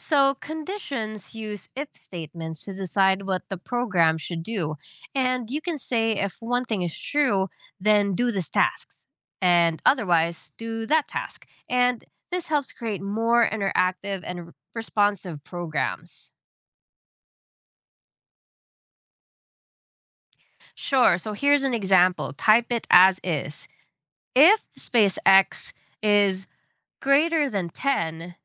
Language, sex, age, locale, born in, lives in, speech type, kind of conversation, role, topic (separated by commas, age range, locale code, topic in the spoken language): English, female, 30-34, en-US, Philippines, United States, read, expert-assistant, expert, What are the basics of coding for beginners?